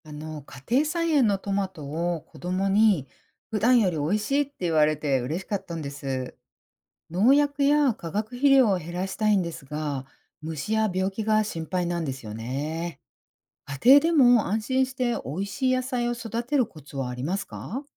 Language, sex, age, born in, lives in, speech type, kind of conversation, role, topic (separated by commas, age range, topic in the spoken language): Japanese, female, 50-54, Japan, United States, read, expert-assistant, asker, 農薬や化学肥料を減らしながら、おいしい野菜を育てるためのコツはありますか？